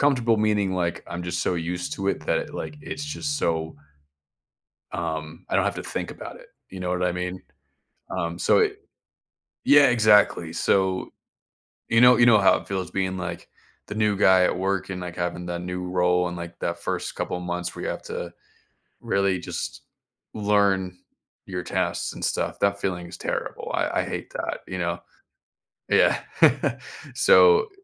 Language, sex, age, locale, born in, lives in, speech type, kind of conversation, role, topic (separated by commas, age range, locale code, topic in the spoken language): English, male, 30-34, en-US, United States, United States, conversation, advice, user, How can I break out of a monotonous routine and feel motivated again?